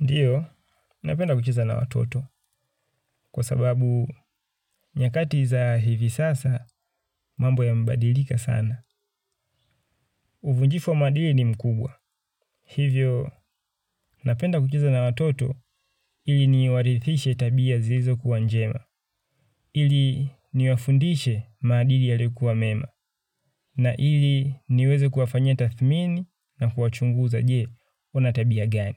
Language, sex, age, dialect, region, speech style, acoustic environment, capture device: Swahili, male, 25-34, Tanzania - Dar es Salaam, Tanzania, happy; relaxed, nature; people talking; construction; appliances; silence; traffic, mobile / Linux / Chrome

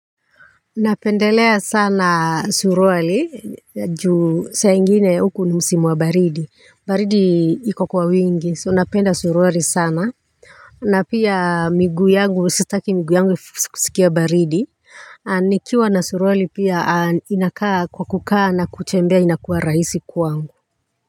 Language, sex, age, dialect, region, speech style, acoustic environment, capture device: Swahili, female, 35-44, Kenya - Nairobi Sheng-influenced, Kenya, tired, silence, mobile / Linux / Chrome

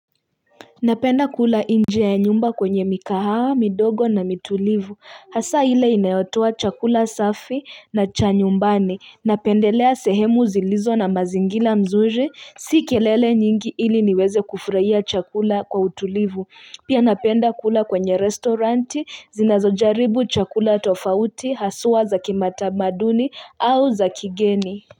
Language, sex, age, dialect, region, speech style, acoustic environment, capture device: Swahili, female, 25-34, Kenya - Nairobi Sheng-influenced, Kenya, nervous, people talking; nature, mobile / Linux / Chrome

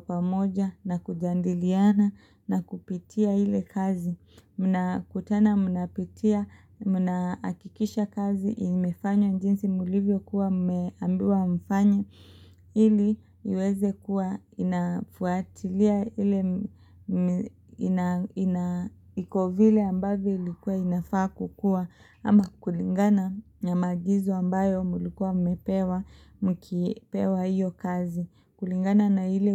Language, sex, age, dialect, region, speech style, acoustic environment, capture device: Swahili, female, 25-34, Kenya - Mombasa, Kenya, excited, silence, mobile / Linux / Chrome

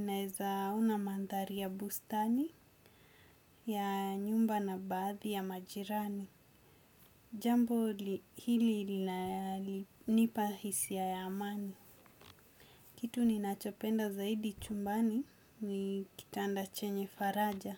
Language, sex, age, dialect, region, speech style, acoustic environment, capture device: Swahili, female, 25-34, Kenya - Mombasa, Kenya, focused; anxious; nervous, nature; people talking; silence; appliances; construction; traffic, desktop / Windows / Chrome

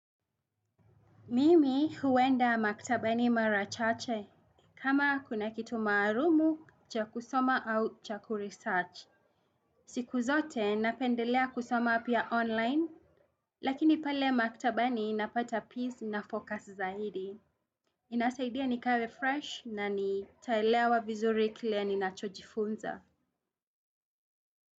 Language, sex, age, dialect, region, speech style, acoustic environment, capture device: Swahili, female, 35-44, Kenya - Nairobi Sheng-influenced, Kenya, happy; anxious, people talking; traffic; music, desktop / Windows / Chrome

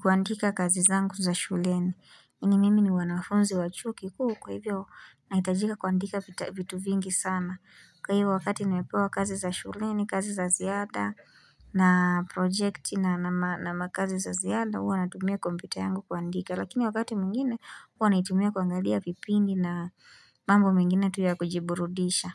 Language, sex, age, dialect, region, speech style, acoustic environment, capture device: Swahili, female, 18-24, Kenya - Nairobi Sheng-influenced, Kenya, relaxed, silence, mobile / Linux / Chrome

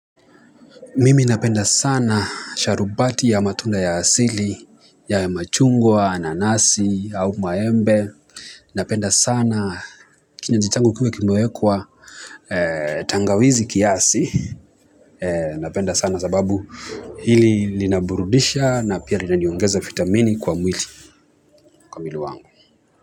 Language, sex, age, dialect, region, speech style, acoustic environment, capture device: Swahili, male, 25-34, Kenya - Mombasa, Kenya, nervous; excited, people talking, mobile / Linux / Chrome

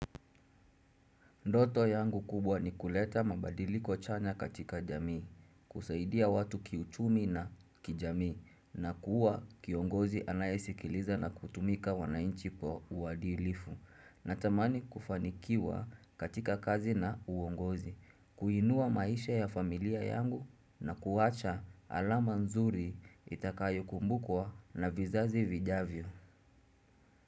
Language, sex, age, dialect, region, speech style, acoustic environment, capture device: Swahili, male, 25-34, Kenya - Mombasa, Kenya, focused, silence, desktop / Windows / Chrome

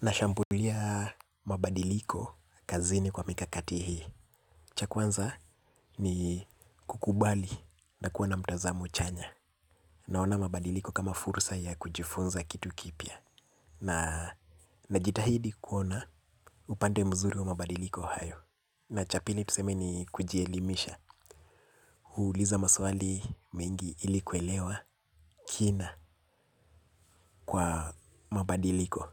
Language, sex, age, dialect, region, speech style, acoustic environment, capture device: Swahili, male, 25-34, Kenya - Nairobi Sheng-influenced, Kenya, relaxed, nature, mobile / Linux / Chrome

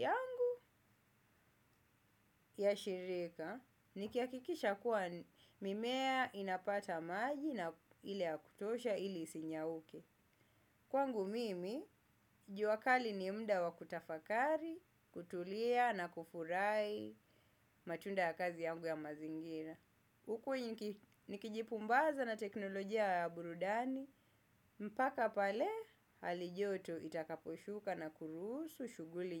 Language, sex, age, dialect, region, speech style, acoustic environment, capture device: Swahili, male, 25-34, Kenya - Mombasa, Kenya, happy; focused; excited; relaxed, nature; silence, desktop / Windows / Chrome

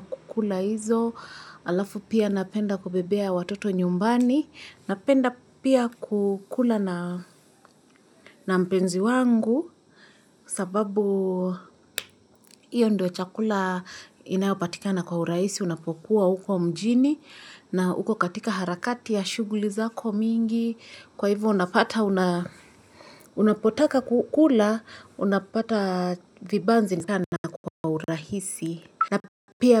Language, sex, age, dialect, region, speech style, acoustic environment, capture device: Swahili, female, 25-34, Kenya - Nairobi Sheng-influenced, Kenya, happy, silence, mobile / Linux / Chrome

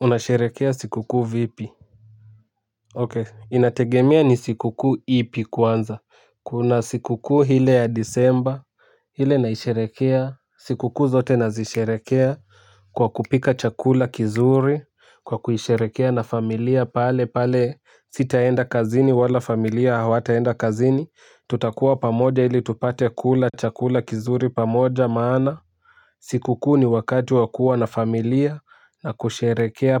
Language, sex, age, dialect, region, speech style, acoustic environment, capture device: Swahili, male, 18-24, Kenya - Nairobi Sheng-influenced, Kenya, excited, music; nature; people talking, mobile / Linux / Chrome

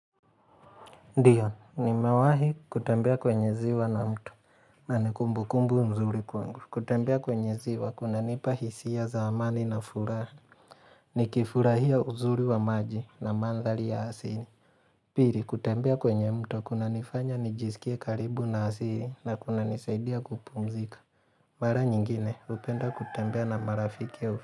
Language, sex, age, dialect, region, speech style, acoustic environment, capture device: Swahili, male, 25-34, Kenya - Mombasa, Kenya, relaxed; focused, silence; nature, mobile / Linux / Chrome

